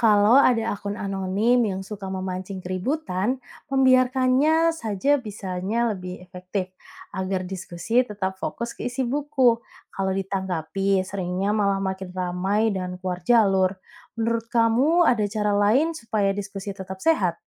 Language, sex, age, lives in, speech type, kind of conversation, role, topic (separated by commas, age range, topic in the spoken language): Indonesian, female, 30-34, Indonesia, read, expert-assistant, expert, Bagaimana agar kritik buku di media sosial bisa tetap sopan dan membangun, bukan sekadar debat panas?